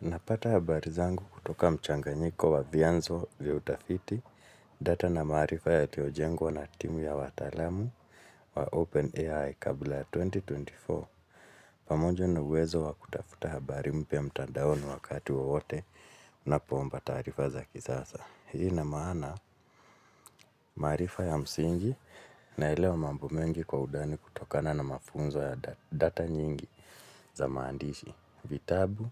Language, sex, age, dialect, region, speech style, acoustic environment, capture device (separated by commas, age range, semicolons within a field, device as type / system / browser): Swahili, male, 35-44, Kenya - Nairobi Sheng-influenced, Kenya, anxious, silence, mobile / Linux / Chrome